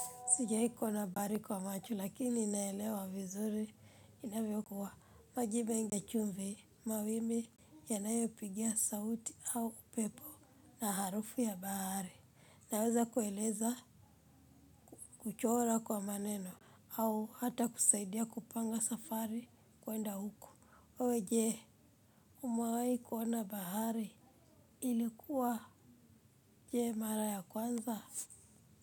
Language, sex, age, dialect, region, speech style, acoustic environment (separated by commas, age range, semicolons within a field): Swahili, female, 25-34, Kenya - Nairobi Sheng-influenced, Kenya, happy; focused; relaxed; excited, people talking